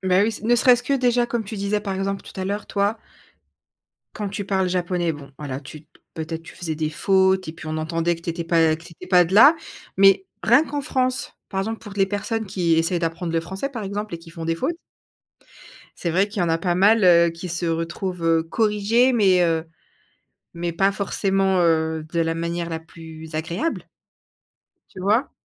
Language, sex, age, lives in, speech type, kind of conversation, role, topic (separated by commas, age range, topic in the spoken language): French, female, 30-34, France, conversation, podcast, host, Comment gères-tu la peur du regard des autres et du jugement ?